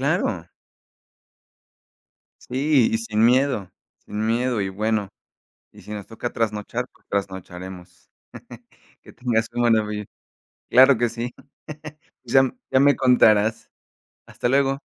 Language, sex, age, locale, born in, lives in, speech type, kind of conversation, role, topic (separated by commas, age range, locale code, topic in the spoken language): Spanish, male, 30-34, es-MX, Mexico, Mexico, conversation, unstructured, none, ¿Crees que las horas extra deberían ser obligatorias?